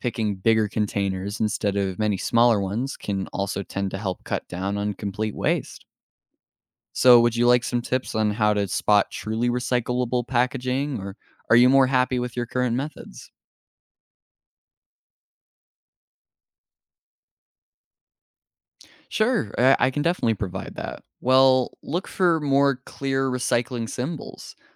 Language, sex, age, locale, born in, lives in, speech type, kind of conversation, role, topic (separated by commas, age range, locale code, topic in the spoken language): English, male, 18-19, en-US, United States, United States, read, expert-assistant, expert, How does recycling help reduce waste in landfills?